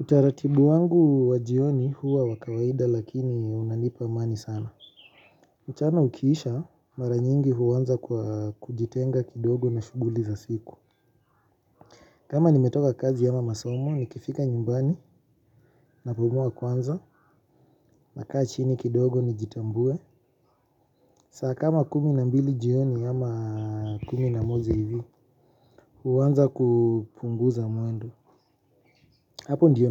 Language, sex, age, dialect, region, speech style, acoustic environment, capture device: Swahili, male, 25-34, Kenya - Nairobi Sheng-influenced, Kenya, relaxed, silence; nature, mobile / Linux / Chrome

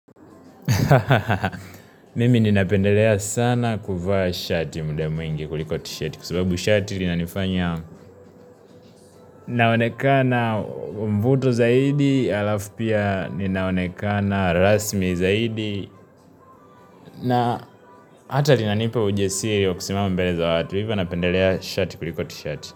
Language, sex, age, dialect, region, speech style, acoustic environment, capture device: Swahili, male, 18-24, Tanzania - Dar es Salaam, Tanzania, happy; relaxed, music, mobile / Linux / Chrome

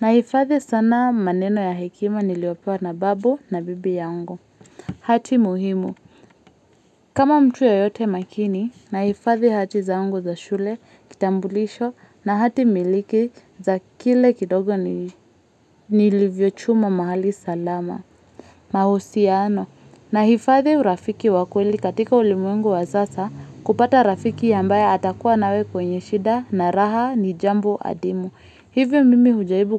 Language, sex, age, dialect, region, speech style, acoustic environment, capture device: Swahili, female, 25-34, Kenya - Nairobi Sheng-influenced, Kenya, relaxed, silence, mobile / Linux / Chrome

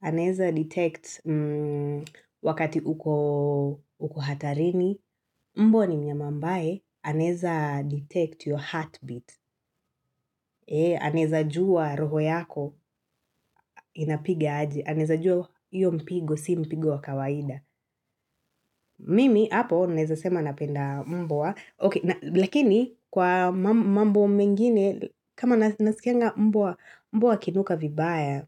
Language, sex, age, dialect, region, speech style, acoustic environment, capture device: Swahili, female, 25-34, Kenya - Nairobi Sheng-influenced, Kenya, relaxed, silence, mobile / Linux / Chrome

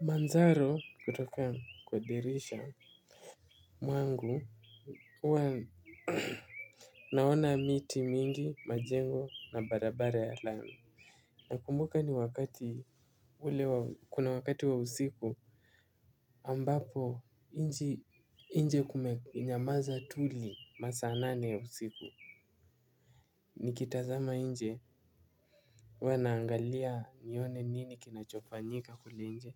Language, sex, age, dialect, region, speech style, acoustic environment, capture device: Swahili, male, 18-24, Kenya - Mombasa, Kenya, happy; relaxed; focused, silence, mobile / Linux / Chrome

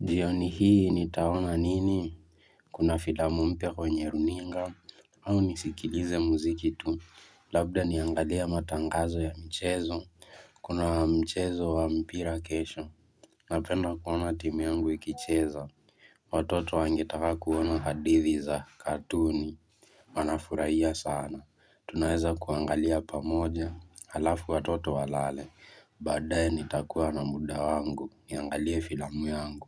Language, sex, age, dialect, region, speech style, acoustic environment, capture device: Swahili, male, 18-24, Kenya - Mombasa, Kenya, relaxed, silence, mobile / Linux / Chrome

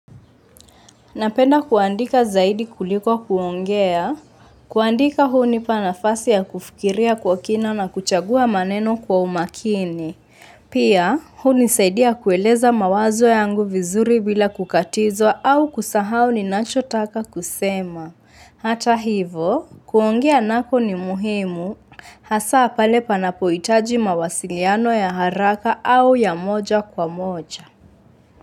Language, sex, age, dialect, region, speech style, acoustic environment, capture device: Swahili, female, 25-34, Kenya - Mombasa, Kenya, focused; relaxed, nature; silence; appliances, mobile / Linux / Chrome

